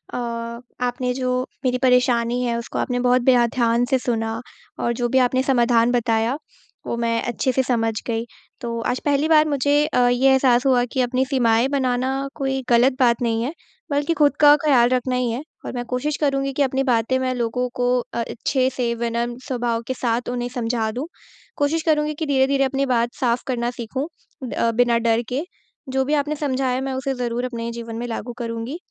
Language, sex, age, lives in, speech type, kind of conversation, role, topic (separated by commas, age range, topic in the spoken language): Hindi, female, 20-24, India, conversation, advice, user, मैं अपनी सीमाएँ कैसे तय करूँ और उन्हें दूसरों के सामने स्पष्ट रूप से कैसे लागू करूँ?